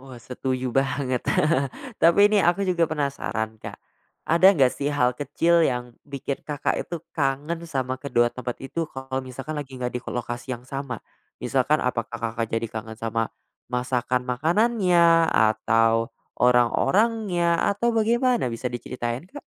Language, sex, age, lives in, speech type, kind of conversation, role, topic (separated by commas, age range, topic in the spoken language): Indonesian, male, 20-24, Indonesia, conversation, podcast, host, Pernahkah kamu mengunjungi tempat yang membuatmu merasa seperti pulang, dan kenapa?